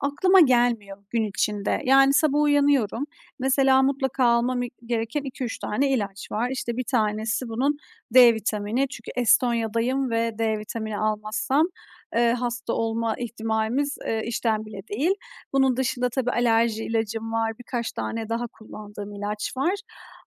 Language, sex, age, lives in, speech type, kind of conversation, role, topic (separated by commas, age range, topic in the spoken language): Turkish, female, 30-34, Estonia, conversation, advice, user, İlaçlarınızı ve sağlık kontrollerinizi düzenli olarak takip edememe nedenleriniz nelerdir?